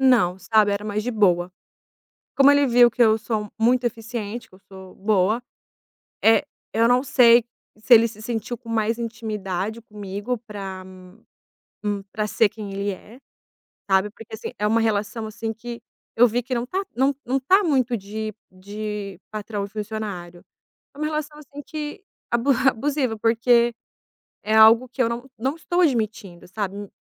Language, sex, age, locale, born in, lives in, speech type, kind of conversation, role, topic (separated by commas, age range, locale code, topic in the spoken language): Portuguese, female, 30-34, pt-BR, Brazil, Italy, conversation, advice, user, Como posso dar feedback sem ser percebido como crítico?